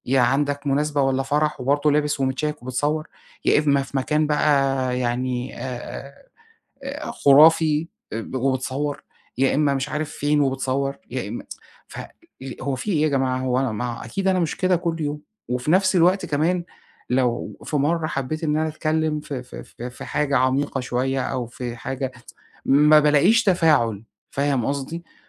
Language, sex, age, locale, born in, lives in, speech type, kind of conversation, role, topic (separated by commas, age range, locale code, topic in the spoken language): Arabic, male, 40-44, ar-EG, Egypt, Egypt, conversation, advice, user, إزاي بتوصّف إحساسك بالتعب من إنك تفضل محافظ/ة على صورة مبسوطة على السوشيال ميديا؟